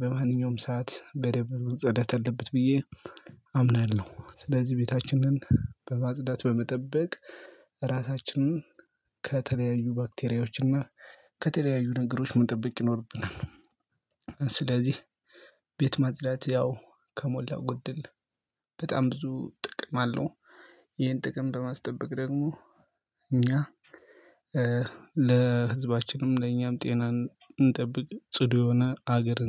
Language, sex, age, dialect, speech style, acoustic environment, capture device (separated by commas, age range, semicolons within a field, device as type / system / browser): Amharic, male, 25-34, Ethiopia - Gojjam, happy, silence, mobile / Linux / Chrome